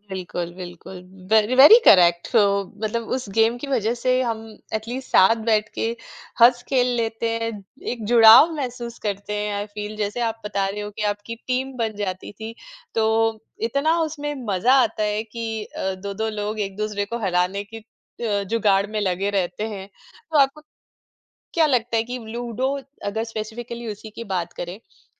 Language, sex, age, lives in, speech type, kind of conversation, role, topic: Hindi, female, 45-49, India, conversation, podcast, host, Bachpan mein tumhara favourite game kaunsa tha?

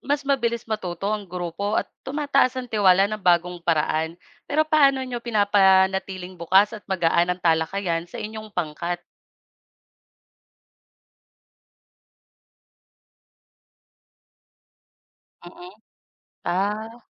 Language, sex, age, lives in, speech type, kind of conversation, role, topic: Filipino, female, 25-29, Philippines, read, expert-assistant, asker, Paano natututo ang mga doktor ng bagong kaalaman mula sa kanilang mga karanasan sa ospital o klinika?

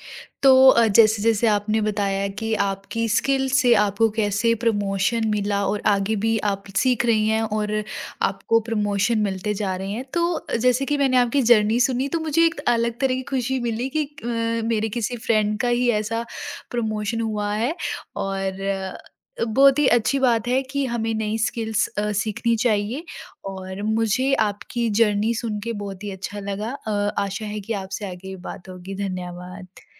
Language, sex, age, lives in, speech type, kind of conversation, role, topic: Hindi, female, 20-24, India, conversation, podcast, host, Tumne naya hunar kab aur kyun seekhna shuru kiya?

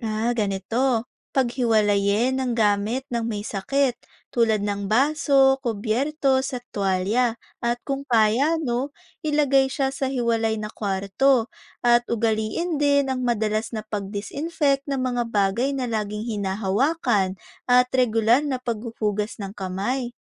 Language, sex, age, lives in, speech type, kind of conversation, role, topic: Filipino, female, 20-24, Philippines, read, expert-assistant, expert, Sa dami ng mga organ system sa katawan ng tao, alin ang pinakamahalagang unahin para mapanatili ang mabuting kalusugan, at bakit?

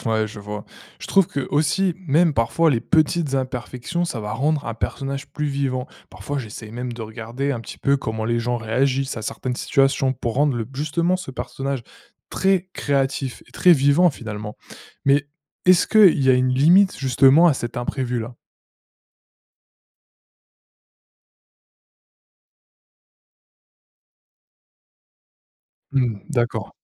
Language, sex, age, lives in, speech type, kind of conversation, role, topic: French, male, 20-24, France, read, expert-assistant, asker, Pourquoi inventer des personnages nous aide-t-il à comprendre le monde ?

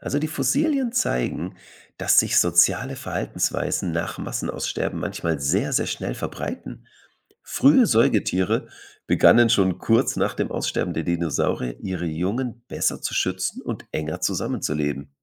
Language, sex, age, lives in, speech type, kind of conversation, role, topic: German, male, 35-39, Germany, read, expert-assistant, expert, Angenommen, die großen Massenaussterben der Erdgeschichte hätten nie stattgefunden – wie sähe die heutige Tierwelt aus?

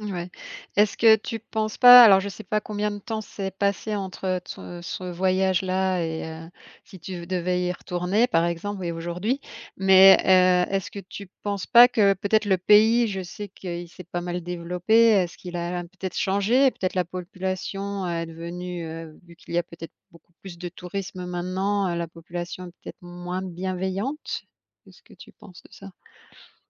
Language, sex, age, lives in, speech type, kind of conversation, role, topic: French, female, 40-44, France, conversation, podcast, host, Parle-moi d’un voyage improvisé qui t’a surpris ?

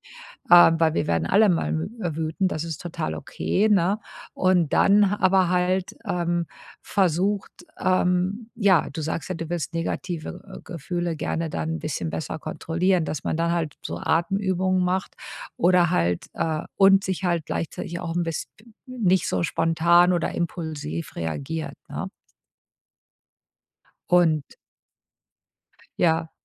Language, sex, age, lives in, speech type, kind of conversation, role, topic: German, female, 50-54, United States, conversation, advice, advisor, Wie kann ich im Alltag meine Gefühle besser erkennen und klar benennen?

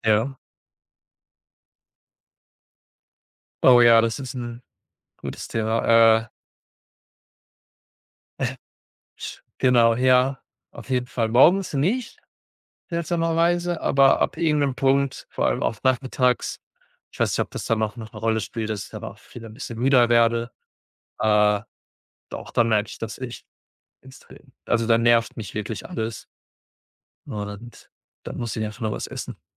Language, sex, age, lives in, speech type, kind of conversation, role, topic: German, male, 25-29, Germany, conversation, unstructured, none, Wie beeinflusst Essen deine Stimmung?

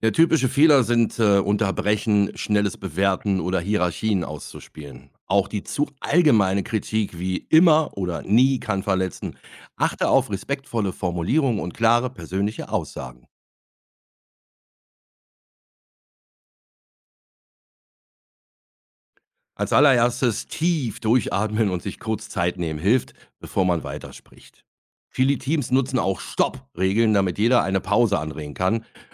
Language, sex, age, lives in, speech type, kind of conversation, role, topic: German, male, 50-54, Germany, read, expert-assistant, expert, Wie gelingt es, nach einem anstrengenden Einsatz im Katastrophenschutz wieder ins Gleichgewicht zu kommen?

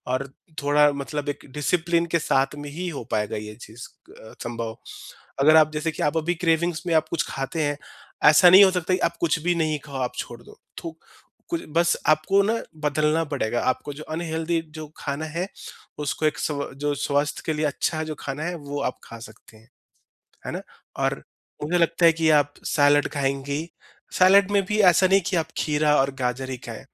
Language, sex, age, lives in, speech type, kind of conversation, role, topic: Hindi, male, 30-34, India, conversation, advice, advisor, मैं कैसे जानूँ कि मुझे सच में भूख लगी है या यह खाने की तीव्र इच्छा है?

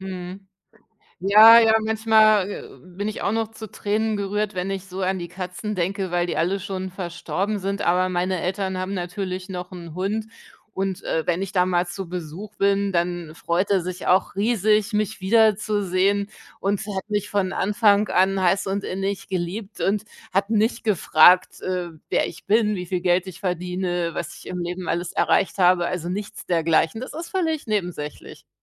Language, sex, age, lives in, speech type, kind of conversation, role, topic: German, female, 45-49, Germany, conversation, unstructured, none, Wie findest du heraus, wer du wirklich bist?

